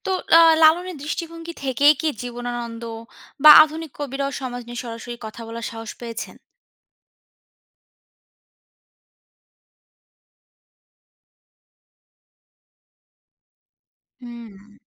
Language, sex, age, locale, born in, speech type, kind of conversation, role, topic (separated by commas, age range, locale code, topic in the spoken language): Bengali, female, 25-29, bn-BD, Bangladesh, read, expert-assistant, asker, বাংলার লোকসংগীত আর কবিতায় বৈষ্ণব আর সুফি ভাবনার মধ্যে কোনটা বেশি রঙ দিয়েছে বলে মনে হয়?